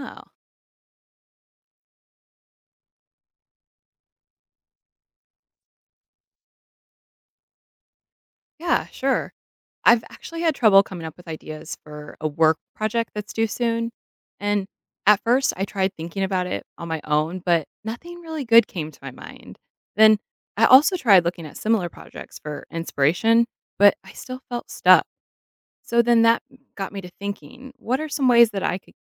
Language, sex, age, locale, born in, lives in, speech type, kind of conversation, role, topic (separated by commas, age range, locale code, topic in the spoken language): English, female, 30-34, en-US, United States, United States, read, expert-assistant, asker, How can creativity help you overcome challenges?